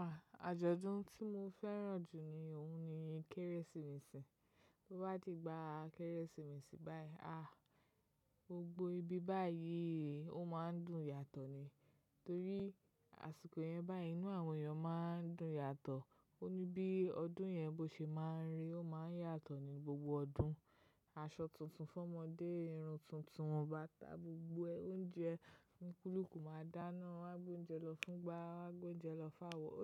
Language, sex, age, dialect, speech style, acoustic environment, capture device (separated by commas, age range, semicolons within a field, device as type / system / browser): Yoruba, female, 25-34, Nigeria - Lagos Yoruba, relaxed, silence; nature, mobile / macOS / Safari